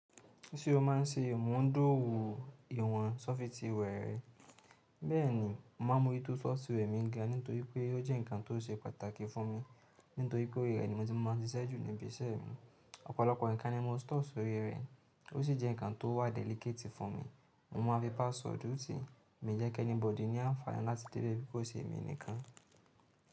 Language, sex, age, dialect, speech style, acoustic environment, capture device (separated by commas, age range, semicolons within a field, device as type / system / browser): Yoruba, male, 18-24, Nigeria - Nigerian Standard, happy, silence, mobile / Linux / Chrome